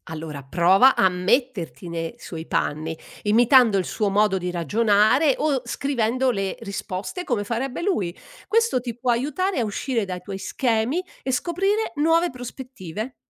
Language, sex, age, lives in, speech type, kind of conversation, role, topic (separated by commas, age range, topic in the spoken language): Italian, female, 60-64, Italy, read, expert-assistant, expert, Quali abitudini aiutano davvero a pensare in modo più originale?